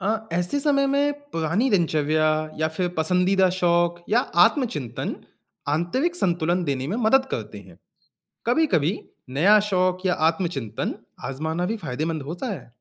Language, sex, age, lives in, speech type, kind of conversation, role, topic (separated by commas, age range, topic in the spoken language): Hindi, male, 20-24, India, read, expert-assistant, expert, हम जिस जगह पर रहते हैं, क्या वह हमारी सोच और पहचान को बदल देती है?